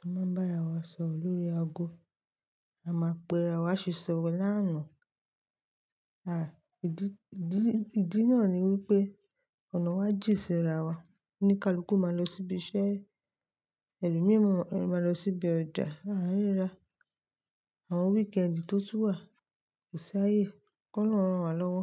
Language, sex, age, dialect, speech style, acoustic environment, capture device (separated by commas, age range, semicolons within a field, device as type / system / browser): Yoruba, female, 25-34, Nigeria - Lagos Yoruba, focused, silence, mobile / Linux / Chrome